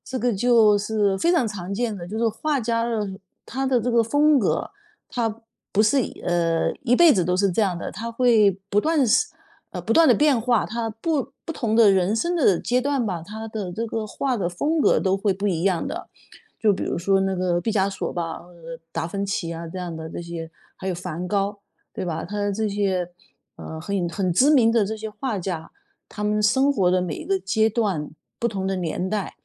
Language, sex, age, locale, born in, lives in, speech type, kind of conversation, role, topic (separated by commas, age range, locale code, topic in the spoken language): Chinese, female, 50-54, zh-CN, China, United States, conversation, podcast, guest, 创作时如何应对自我怀疑？